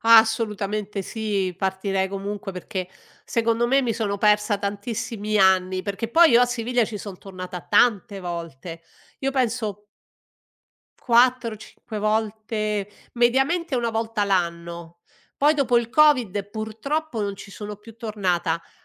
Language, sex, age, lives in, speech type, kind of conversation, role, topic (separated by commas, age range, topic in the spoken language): Italian, female, 60-64, Italy, conversation, podcast, guest, Raccontami di un viaggio che ti ha cambiato la percezione di una cultura?